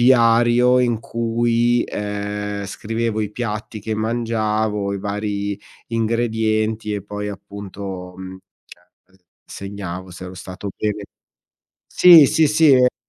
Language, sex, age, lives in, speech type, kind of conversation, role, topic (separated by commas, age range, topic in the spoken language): Italian, male, 40-44, Italy, conversation, advice, user, Qual è un cambiamento importante nella tua salute che sta alterando la tua vita quotidiana?